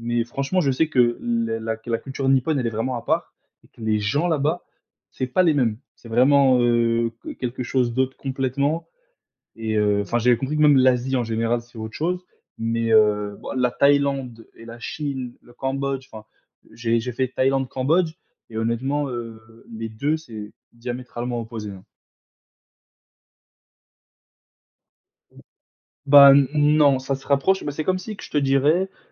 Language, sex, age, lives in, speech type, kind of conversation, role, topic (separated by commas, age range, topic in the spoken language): French, male, 20-24, France, conversation, unstructured, none, Quel est ton endroit préféré pour voyager, et pourquoi ?